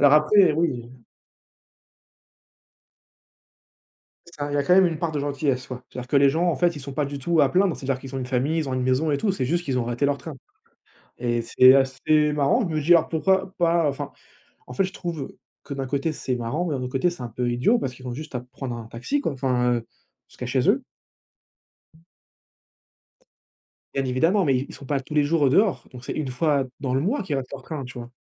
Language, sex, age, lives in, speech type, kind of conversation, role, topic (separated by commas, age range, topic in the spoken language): French, male, 20-24, France, conversation, unstructured, none, Quelle est la chose la plus surprenante que tu aies vue en voyage ?